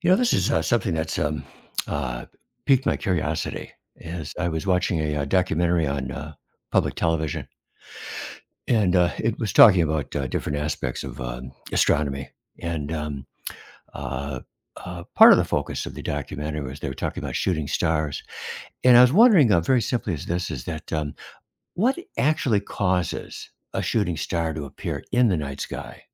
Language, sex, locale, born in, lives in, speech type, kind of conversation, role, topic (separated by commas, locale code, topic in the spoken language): English, male, en-US, United States, United States, read, expert-assistant, asker, What causes a shooting star to appear in the night sky?